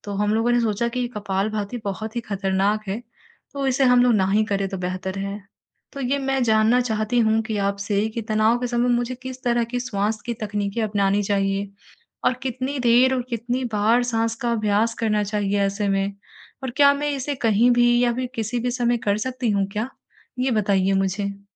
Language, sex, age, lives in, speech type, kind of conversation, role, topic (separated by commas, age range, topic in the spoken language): Hindi, female, 55-59, India, conversation, advice, user, तुरंत तनाव कम करने के लिए कौन-सी श्वसन तकनीक मदद करती है?